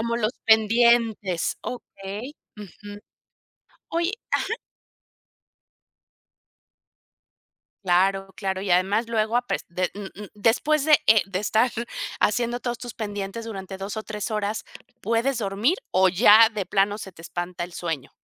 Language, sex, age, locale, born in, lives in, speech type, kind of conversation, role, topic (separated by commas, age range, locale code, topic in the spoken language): Spanish, female, 50-54, es-MX, Mexico, Mexico, conversation, advice, advisor, ¿Por qué te cuesta relajarte por la noche debido al uso de pantallas y redes sociales?